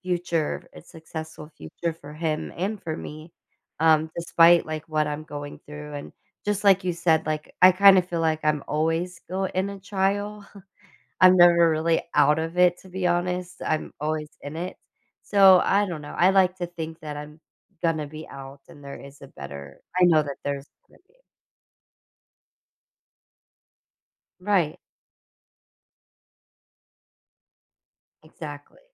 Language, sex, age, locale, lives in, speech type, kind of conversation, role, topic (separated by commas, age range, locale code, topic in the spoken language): English, female, 30-34, en-US, United States, conversation, podcast, guest, What helps you keep moving forward during difficult times?